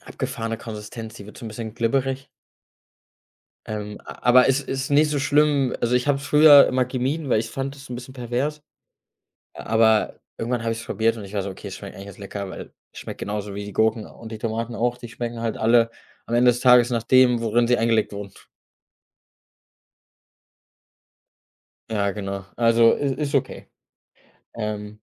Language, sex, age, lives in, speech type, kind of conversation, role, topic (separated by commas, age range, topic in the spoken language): German, male, 25-29, Germany, conversation, podcast, guest, Welche Tradition aus deiner Kindheit ist dir bis heute geblieben?